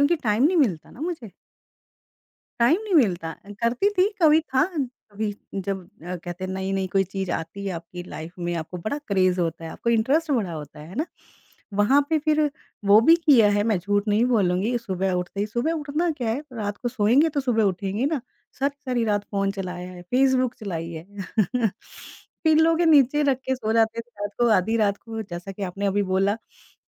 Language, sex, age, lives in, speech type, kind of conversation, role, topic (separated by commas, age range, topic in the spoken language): Hindi, female, 45-49, India, conversation, podcast, guest, Subah uthte hi sabse pehli cheez kya karte ho?